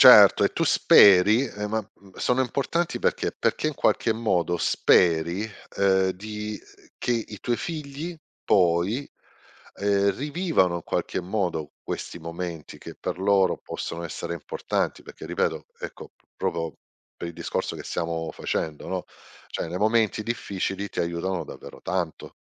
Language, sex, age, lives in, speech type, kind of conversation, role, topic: Italian, male, 50-54, Italy, conversation, unstructured, none, Quali ricordi felici ti aiutano a sentirti meglio nei momenti difficili?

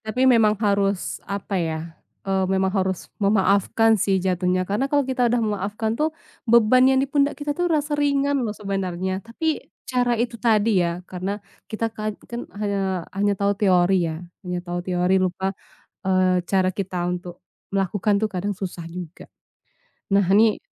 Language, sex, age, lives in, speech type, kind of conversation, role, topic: Indonesian, female, 25-29, Indonesia, conversation, unstructured, none, Mengapa sulit memaafkan orang yang telah menyakiti Anda?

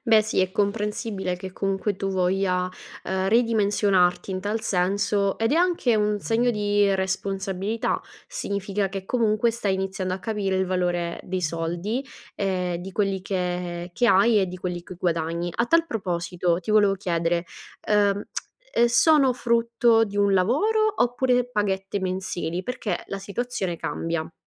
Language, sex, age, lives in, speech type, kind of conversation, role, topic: Italian, female, 25-29, Italy, conversation, advice, advisor, In che modo gli acquisti d’impulso rovinano il tuo budget mensile?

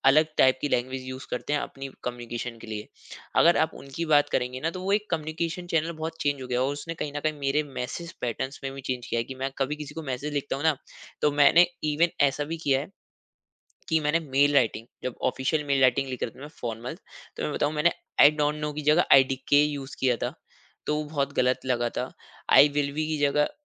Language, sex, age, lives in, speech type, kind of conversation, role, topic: Hindi, male, 25-29, India, conversation, podcast, guest, Kya social media ne tumhari boli ko badal diya hai, aur kaise?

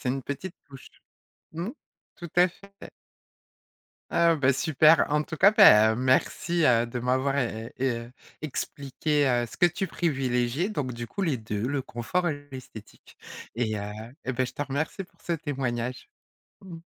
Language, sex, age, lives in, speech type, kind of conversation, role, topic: French, female, 40-44, France, conversation, podcast, host, Au quotidien, privilégies-tu le confort ou l’esthétique ?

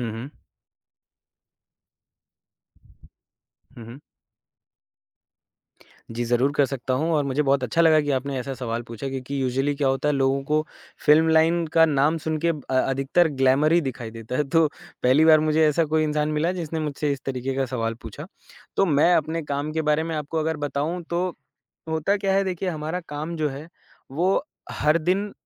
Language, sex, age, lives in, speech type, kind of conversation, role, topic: Hindi, male, 25-29, India, conversation, podcast, guest, Aap apna rachnatmak kaam logon ke saath share karne ke liye kya salaah doge?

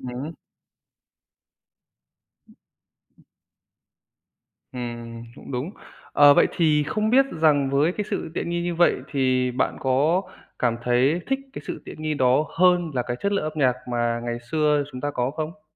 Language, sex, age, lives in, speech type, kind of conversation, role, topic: Vietnamese, male, 30-34, Vietnam, conversation, podcast, host, Bạn nghĩ mạng xã hội đã thay đổi cách chúng ta nghe nhạc như thế nào?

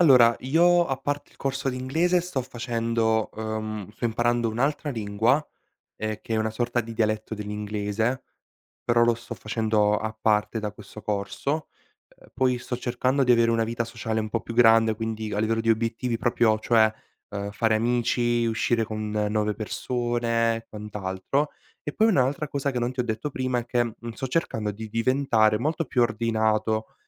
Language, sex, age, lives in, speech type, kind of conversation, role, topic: Italian, male, 18-19, Italy, conversation, advice, user, Come posso gestire un sovraccarico di obiettivi e la difficoltà a stabilire le priorità?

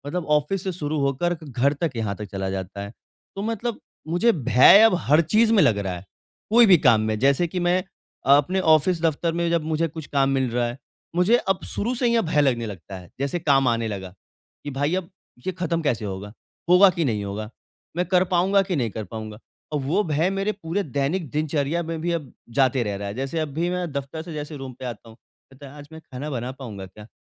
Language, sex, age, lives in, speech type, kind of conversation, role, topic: Hindi, male, 25-29, India, conversation, advice, user, मैं अपना अधूरा काम दूसरों के साथ बिना डर के कैसे साझा करूँ?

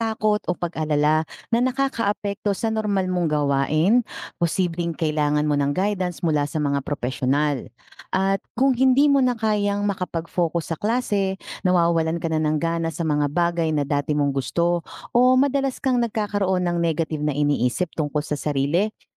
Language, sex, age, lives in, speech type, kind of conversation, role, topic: Filipino, female, 40-44, Philippines, read, expert-assistant, expert, Ano ang epekto ng bullying sa mental health ng kabataan?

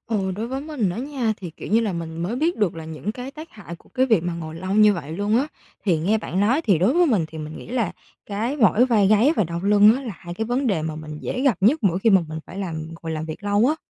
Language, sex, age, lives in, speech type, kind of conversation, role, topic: Vietnamese, female, 20-24, Vietnam, read, expert-assistant, asker, Vì sao khi ăn no, chúng ta cảm thấy buồn ngủ?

